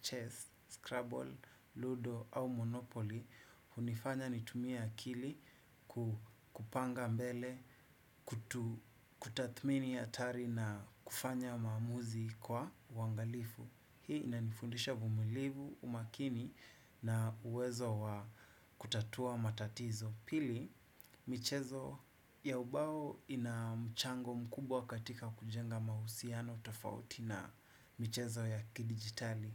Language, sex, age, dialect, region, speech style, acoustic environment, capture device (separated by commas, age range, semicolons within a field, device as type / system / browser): Swahili, male, 25-34, Kenya - Nairobi Sheng-influenced, Kenya, happy, nature, desktop / Windows / Chrome